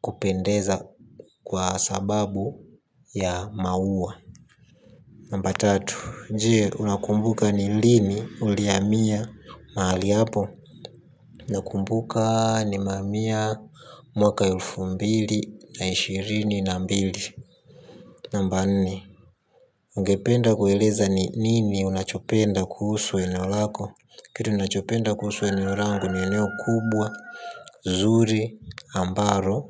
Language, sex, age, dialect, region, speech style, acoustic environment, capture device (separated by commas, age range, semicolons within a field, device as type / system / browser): Swahili, male, 18-24, Tanzania - Dar es Salaam, Tanzania, happy, silence; nature, mobile / Linux / Chrome